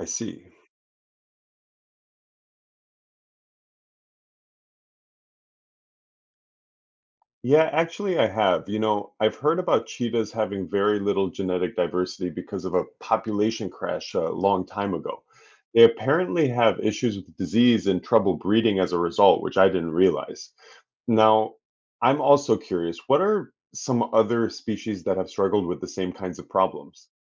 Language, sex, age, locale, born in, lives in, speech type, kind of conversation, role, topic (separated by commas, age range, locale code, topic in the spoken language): English, male, 30-34, en-US, United States, United States, read, expert-assistant, asker, Why does genetic diversity matter in conservation?